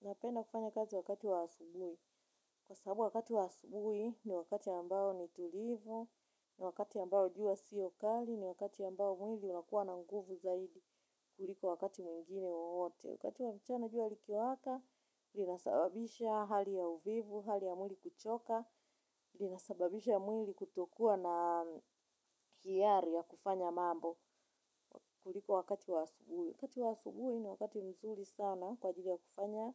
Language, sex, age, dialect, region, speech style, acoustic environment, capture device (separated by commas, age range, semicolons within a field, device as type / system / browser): Swahili, female, 35-44, Tanzania - Dar es Salaam, Tanzania, focused, nature; silence, mobile / Linux / Chrome